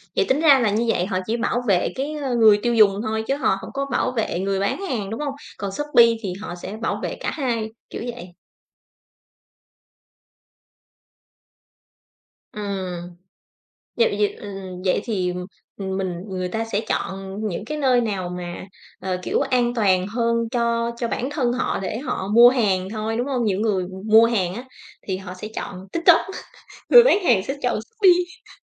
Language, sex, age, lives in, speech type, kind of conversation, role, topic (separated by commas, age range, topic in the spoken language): Vietnamese, female, 35-39, Vietnam, conversation, podcast, host, Thói quen mua sắm trực tuyến của bạn như thế nào?